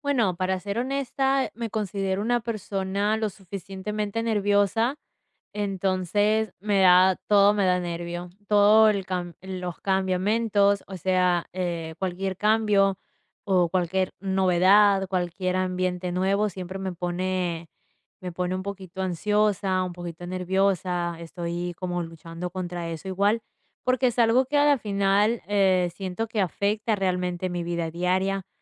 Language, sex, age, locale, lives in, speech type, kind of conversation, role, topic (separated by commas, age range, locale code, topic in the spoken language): Spanish, female, 20-24, es-EC, United States, conversation, advice, user, ¿Qué miedo o vergüenza sientes al ir al gimnasio por primera vez?